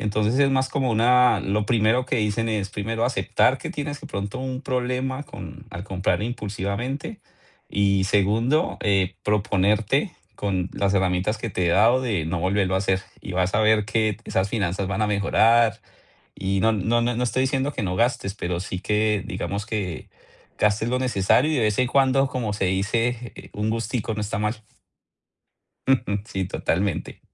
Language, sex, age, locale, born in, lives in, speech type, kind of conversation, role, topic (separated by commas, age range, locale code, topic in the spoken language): Spanish, male, 40-44, es-CO, Colombia, United States, conversation, advice, advisor, ¿Cómo has gastado más de lo planeado con tarjetas y cómo manejas la culpa que eso te genera?